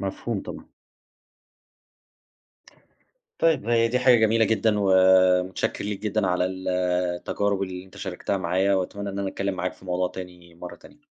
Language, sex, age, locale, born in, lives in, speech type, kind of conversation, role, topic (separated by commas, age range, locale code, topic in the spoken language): Arabic, male, 30-34, ar-EG, Egypt, Germany, conversation, podcast, host, إزاي استخدام التكنولوجيا بيأثر على نومك؟